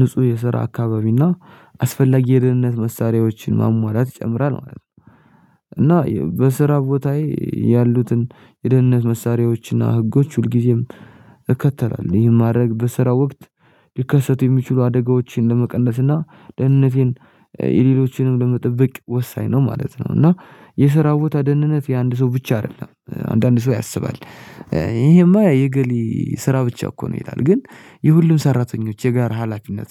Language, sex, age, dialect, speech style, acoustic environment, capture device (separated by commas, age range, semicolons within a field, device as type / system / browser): Amharic, male, 18-24, Ethiopia - Addis Ababa, happy, silence, mobile / Linux / Chrome